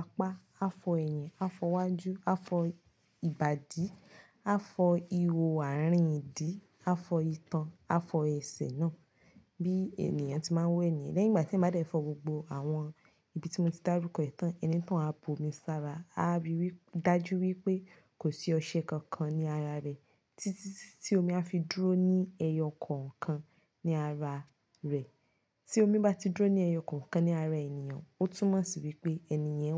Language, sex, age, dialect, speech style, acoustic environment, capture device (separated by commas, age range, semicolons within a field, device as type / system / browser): Yoruba, female, 25-34, Nigeria - Nigerian Standard, relaxed, nature, mobile / Linux / Chrome